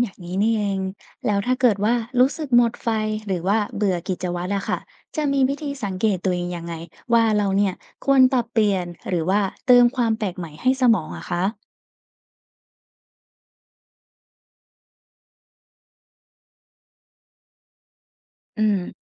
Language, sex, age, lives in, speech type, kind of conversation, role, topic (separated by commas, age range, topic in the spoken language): Thai, female, 20-24, Thailand, read, expert-assistant, asker, การฝึกเวทมีผลต่อสุขภาพจิตและการจัดการความเครียดอย่างไร และจะใช้ประโยชน์จากสิ่งนี้ในชีวิตประจำวันได้อย่างไร